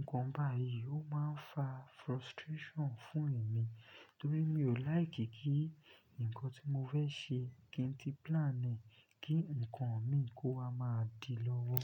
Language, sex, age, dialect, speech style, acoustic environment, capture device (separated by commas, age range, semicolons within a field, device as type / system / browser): Yoruba, male, 25-34, Nigeria - Lagos Yoruba, focused, silence, desktop / Linux / Chrome